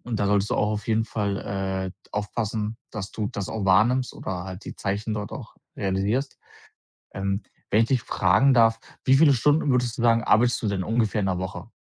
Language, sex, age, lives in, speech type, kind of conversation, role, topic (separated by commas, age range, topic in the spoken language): German, male, 25-29, Germany, conversation, advice, advisor, Wie setze ich klare Grenzen, wenn die Arbeit oft in meine Freizeit hineinragt?